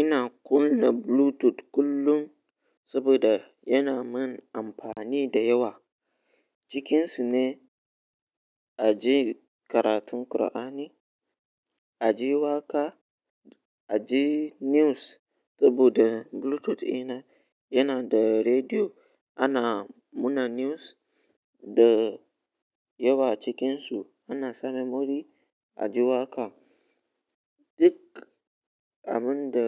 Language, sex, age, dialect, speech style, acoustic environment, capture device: Hausa, male, 18-24, Nigeria - Ibadan, relaxed, nature, mobile / Linux / Chrome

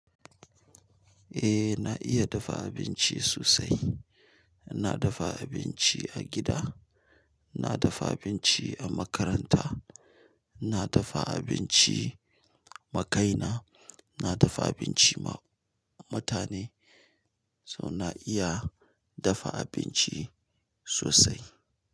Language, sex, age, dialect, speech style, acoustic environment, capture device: Hausa, male, 35-44, Nigeria - Nigerian Standard, relaxed; focused, silence, mobile / Linux / Chrome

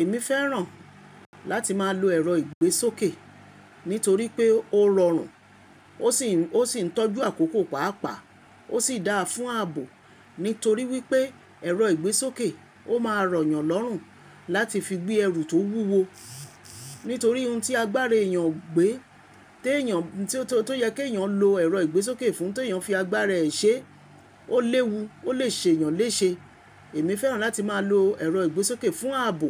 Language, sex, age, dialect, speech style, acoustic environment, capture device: Yoruba, female, 25-34, Nigeria - Lagos Yoruba, happy, appliances, mobile / Linux / Chrome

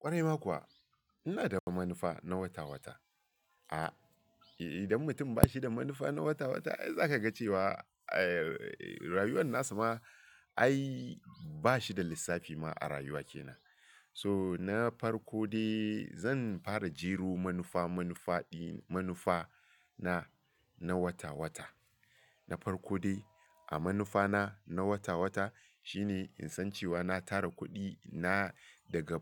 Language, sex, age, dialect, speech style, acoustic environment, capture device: Hausa, male, 35-44, Nigeria - Lagos Yoruba, focused, nature, mobile / Linux / Chrome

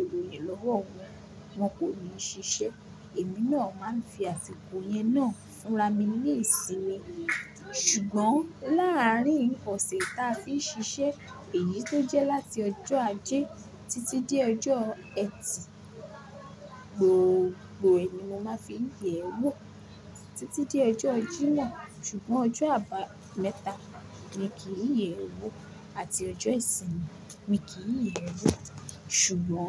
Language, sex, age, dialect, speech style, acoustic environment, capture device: Yoruba, female, 18-24, Nigeria - Lagos Yoruba, focused; relaxed, appliances, mobile / Linux / Chrome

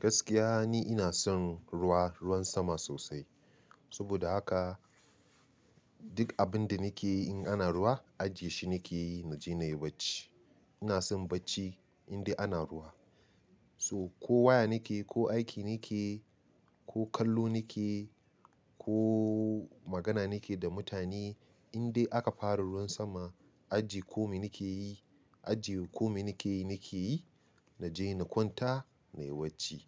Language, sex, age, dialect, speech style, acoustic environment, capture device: Hausa, male, 25-34, Nigeria - Nigerian Standard, relaxed, nature, mobile / Linux / Chrome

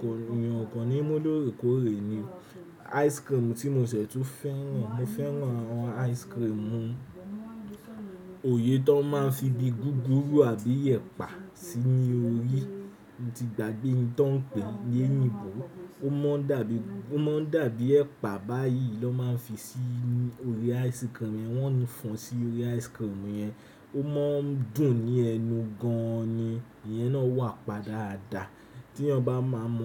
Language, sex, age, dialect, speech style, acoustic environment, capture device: Yoruba, male, 18-24, Nigeria - Nigerian Standard, relaxed; anxious, nature, desktop / Windows / Chrome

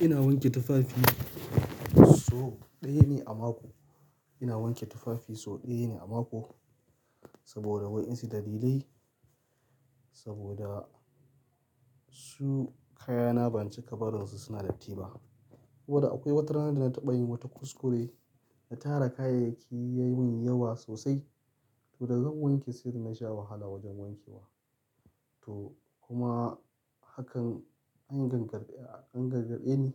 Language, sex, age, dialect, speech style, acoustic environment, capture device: Hausa, male, 25-34, Nigeria - Kano, happy; excited; focused, silence, mobile / Linux / Chrome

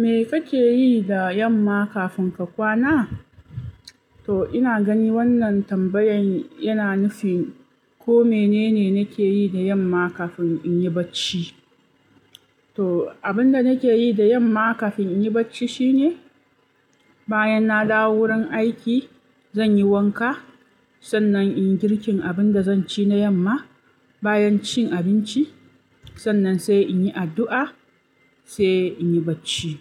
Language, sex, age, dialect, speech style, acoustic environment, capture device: Hausa, female, 25-34, Nigeria - Kano, focused, nature, mobile / Linux / Chrome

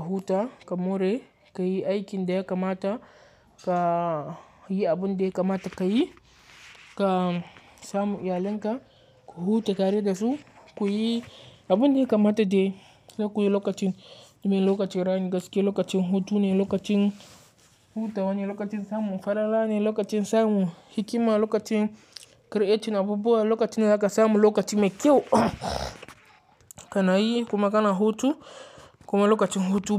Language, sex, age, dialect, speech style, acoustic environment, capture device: Hausa, male, 18-24, Nigeria - Katsina, focused; relaxed, nature, mobile / Linux / Chrome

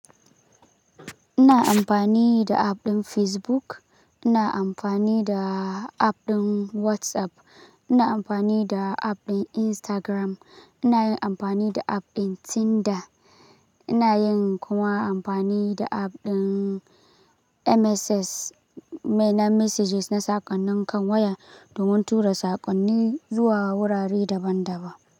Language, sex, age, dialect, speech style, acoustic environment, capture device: Hausa, male, 18-24, Nigeria - Kano, focused; excited; relaxed; happy, silence, mobile / Linux / Chrome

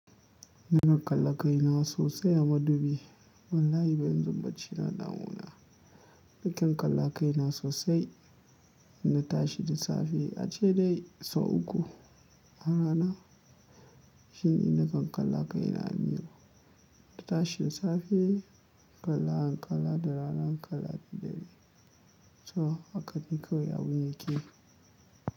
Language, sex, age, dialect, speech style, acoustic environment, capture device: Hausa, male, 25-34, Nigeria - Kano, tired; happy, nature, mobile / Linux / Chrome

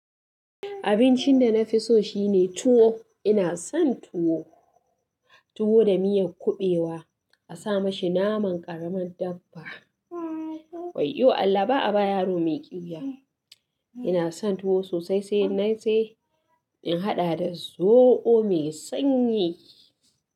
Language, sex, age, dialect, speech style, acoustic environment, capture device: Hausa, female, 25-34, Nigeria - Katsina, happy, people talking, mobile / Linux / Chrome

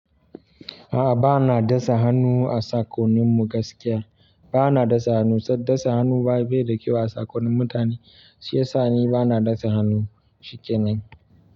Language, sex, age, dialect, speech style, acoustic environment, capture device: Hausa, male, 25-34, Nigeria - Kano, relaxed, silence, mobile / Linux / Chrome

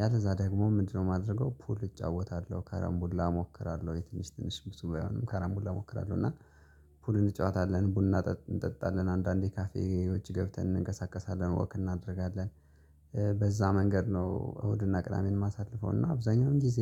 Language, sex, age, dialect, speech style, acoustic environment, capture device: Amharic, male, 25-34, Ethiopia - Gojjam, focused, nature, mobile / Linux / Chrome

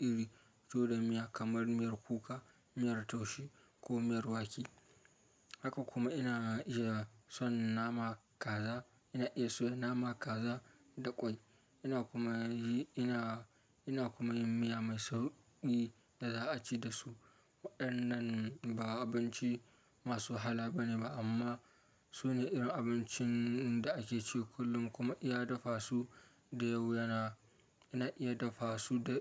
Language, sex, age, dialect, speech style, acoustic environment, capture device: Hausa, male, 25-34, Nigeria - Kano, focused, silence, mobile / Linux / Chrome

